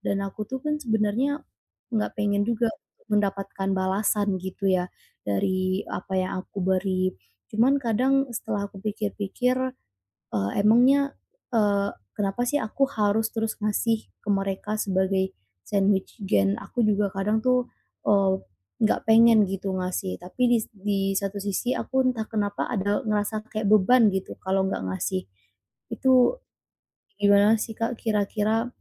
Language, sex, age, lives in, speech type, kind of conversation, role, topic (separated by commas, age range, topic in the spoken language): Indonesian, female, 25-29, Indonesia, conversation, advice, user, Bagaimana cara mengurangi beban memberi tanpa selalu mengharapkan balasan?